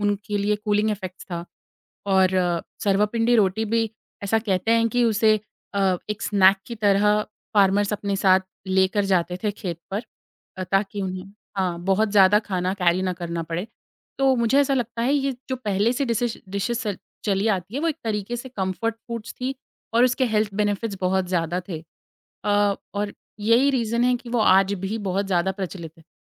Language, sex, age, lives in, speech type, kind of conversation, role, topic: Hindi, female, 25-29, India, conversation, podcast, guest, Tumhari khandani khaane ki kaunsi dish tumhe sabse zyada yaad hai?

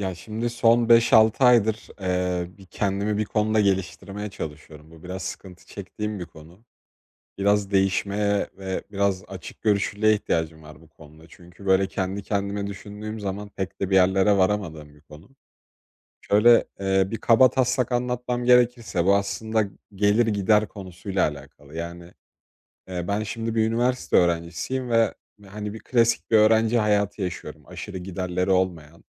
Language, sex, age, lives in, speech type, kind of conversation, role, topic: Turkish, male, 20-24, Poland, conversation, advice, user, Anlık keyiflerimle uzun vadeli birikim hedeflerim arasında nasıl denge kurabilirim?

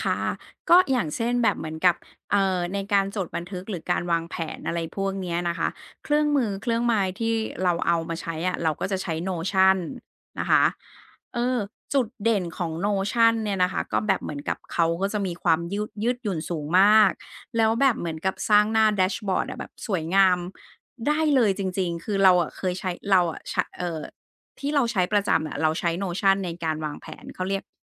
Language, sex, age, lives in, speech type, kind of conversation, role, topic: Thai, female, 40-44, Thailand, conversation, podcast, guest, ตอนเช้าคุณใช้อะไรช่วยวางแผนวันทำงานบ้าง?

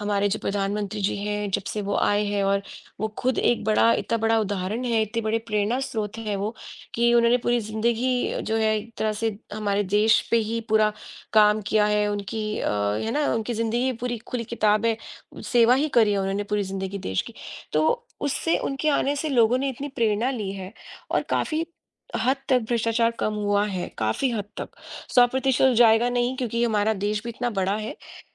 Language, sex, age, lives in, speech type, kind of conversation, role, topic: Hindi, female, 40-44, United States, conversation, unstructured, none, क्या आपको लगता है कि भ्रष्टाचार देश की प्रगति में बाधा डालता है?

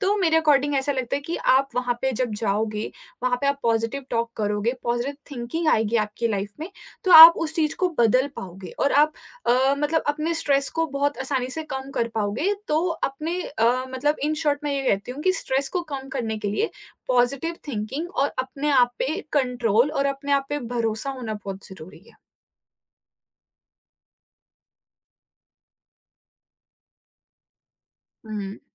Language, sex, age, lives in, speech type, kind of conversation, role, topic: Hindi, female, 20-24, India, conversation, podcast, guest, Jab stress hota hai, tum nature se kaise connect karte ho?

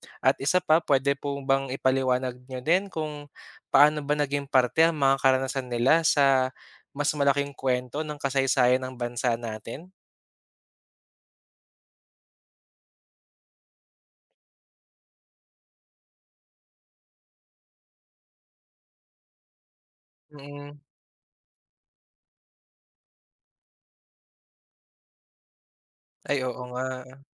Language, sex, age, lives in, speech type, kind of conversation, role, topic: Filipino, male, 25-29, Philippines, read, expert-assistant, asker, Bakit mahalaga ang pag-aaral ng kasaysayan?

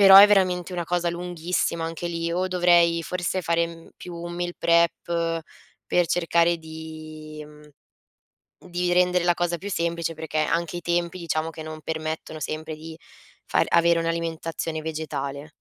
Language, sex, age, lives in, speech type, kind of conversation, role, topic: Italian, female, 20-24, Italy, conversation, advice, user, Come posso rendere più consapevole il mio consumo quotidiano?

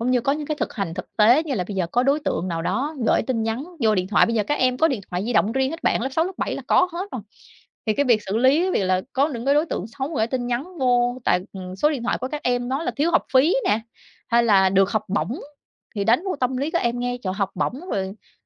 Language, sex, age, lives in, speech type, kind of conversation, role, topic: Vietnamese, female, 30-34, Vietnam, conversation, podcast, guest, Bạn xử lý tin giả hoặc những tin gây hoang mang như thế nào?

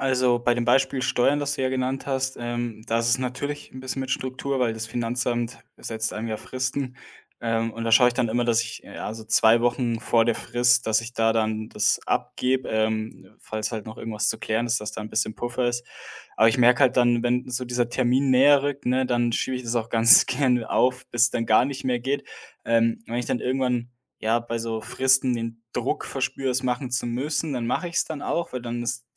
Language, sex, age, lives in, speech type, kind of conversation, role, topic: German, male, 25-29, Germany, conversation, advice, user, Warum schiebst du wichtige Aufgaben immer wieder langfristig auf?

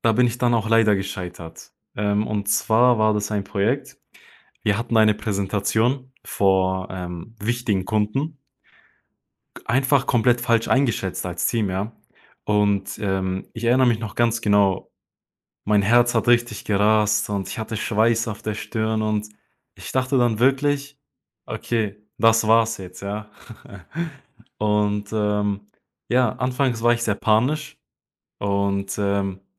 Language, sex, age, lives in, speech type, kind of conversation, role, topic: German, male, 20-24, Germany, conversation, podcast, guest, Wann hat dich ein Fehler überraschend weitergebracht?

